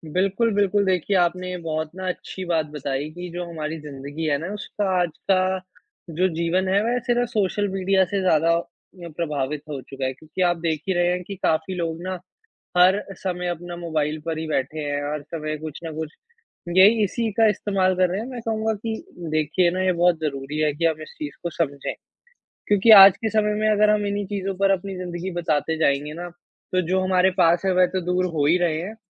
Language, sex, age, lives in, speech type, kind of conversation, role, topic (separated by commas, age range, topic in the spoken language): Hindi, male, 20-24, India, conversation, unstructured, none, परिवार के साथ बिताया गया आपका सबसे यादगार पल कौन-सा था?